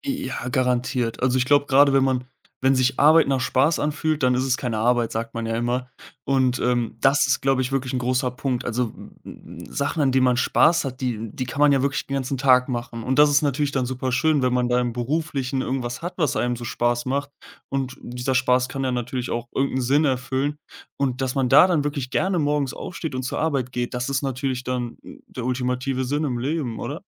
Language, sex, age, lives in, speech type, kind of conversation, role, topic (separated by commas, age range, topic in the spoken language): German, male, 20-24, Germany, conversation, podcast, guest, Wie findest du im Alltag Sinn?